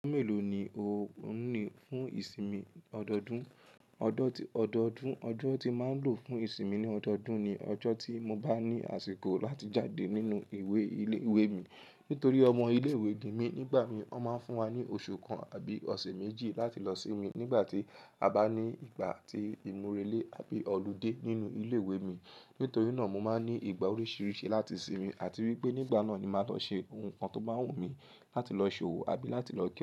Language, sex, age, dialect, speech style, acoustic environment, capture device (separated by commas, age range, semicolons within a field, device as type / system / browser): Yoruba, female, 18-24, Nigeria - Lagos Yoruba, happy; relaxed, people talking; nature, mobile / Linux / Chrome